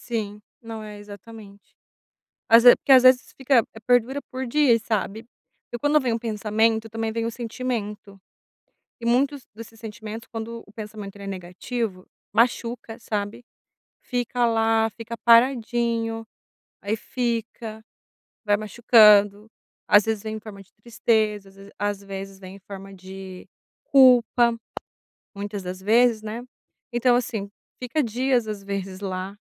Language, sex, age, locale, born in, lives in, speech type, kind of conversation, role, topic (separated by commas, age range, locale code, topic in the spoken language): Portuguese, female, 30-34, pt-BR, Brazil, Italy, conversation, advice, user, Como posso aceitar os meus pensamentos sem me julgar, mesmo quando eles me deixam ansioso?